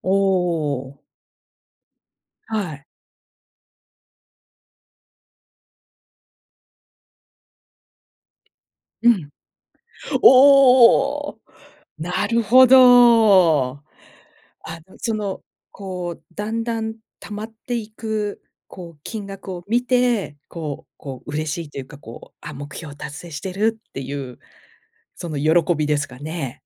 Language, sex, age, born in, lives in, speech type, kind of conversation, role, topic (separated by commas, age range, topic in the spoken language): Japanese, female, 50-54, Japan, United States, conversation, podcast, host, 貯金を優先すべきか、それとも今を楽しむべきか、どう考えますか？